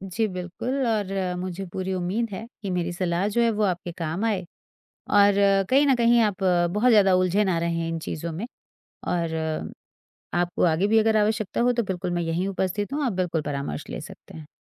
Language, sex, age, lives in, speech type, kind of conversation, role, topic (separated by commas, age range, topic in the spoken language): Hindi, female, 40-44, India, conversation, advice, advisor, किसी को सीधे ना कहने में आपकी झिझक क्यों होती है?